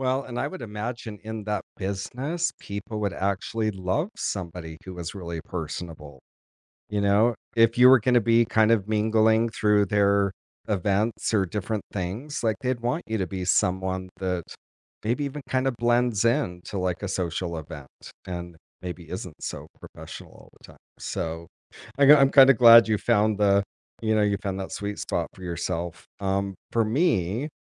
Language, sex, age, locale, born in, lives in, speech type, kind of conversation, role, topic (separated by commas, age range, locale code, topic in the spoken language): English, male, 50-54, en-US, United States, United States, conversation, unstructured, none, What role does fear play in making decisions about your future?